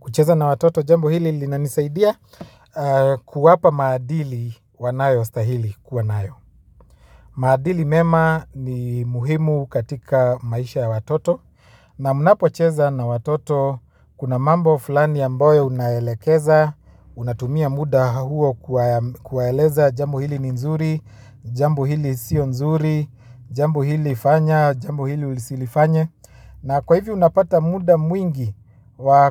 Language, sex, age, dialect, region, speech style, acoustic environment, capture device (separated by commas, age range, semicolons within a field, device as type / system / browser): Swahili, male, 25-34, Kenya - Nairobi Sheng-influenced, Kenya, anxious; happy, silence, mobile / Linux / Chrome